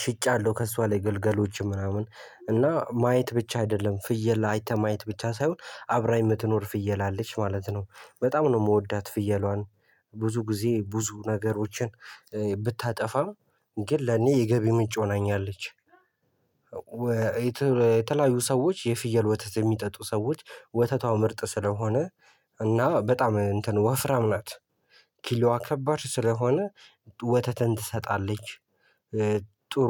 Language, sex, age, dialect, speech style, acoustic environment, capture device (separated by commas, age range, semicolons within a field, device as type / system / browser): Amharic, male, 18-24, Ethiopia - Addis Ababa, happy, people talking, mobile / Linux / Chrome